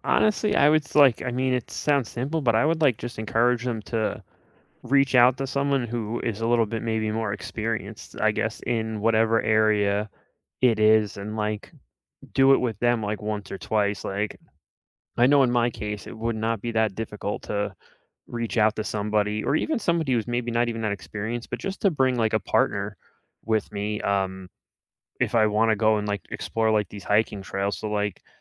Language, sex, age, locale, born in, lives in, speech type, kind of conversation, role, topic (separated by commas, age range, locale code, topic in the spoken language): English, male, 35-39, en-US, United States, United States, conversation, unstructured, none, How do you deal with fear of failing when trying a new hobby?